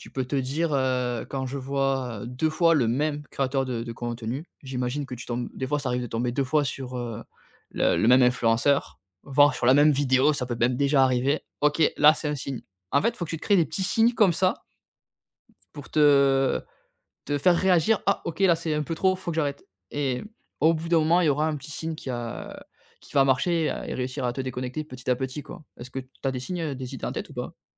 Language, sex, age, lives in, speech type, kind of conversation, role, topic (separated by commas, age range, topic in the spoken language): French, male, 30-34, France, conversation, advice, advisor, Comment les téléphones et les réseaux sociaux nuisent-ils à ta concentration ?